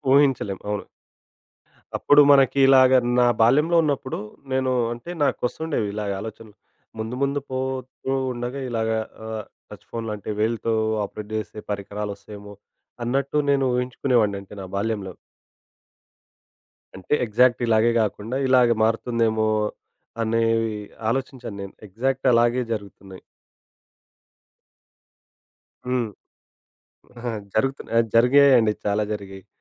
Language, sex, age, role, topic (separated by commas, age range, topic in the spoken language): Telugu, male, 25-29, guest, ఇంట్లో టెక్నాలజీ వినియోగానికి నియమాలు ఏవే?